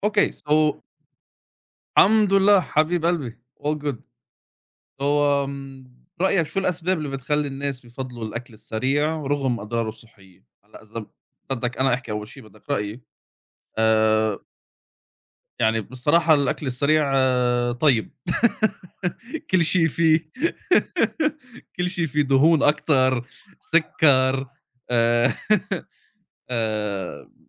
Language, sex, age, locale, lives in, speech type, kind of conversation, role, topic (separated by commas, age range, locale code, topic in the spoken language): Arabic, male, 30-34, ar-SA, United States, conversation, unstructured, none, هل إنت شايف إن الأكل السريع بيأثر بالسلب على صحتنا؟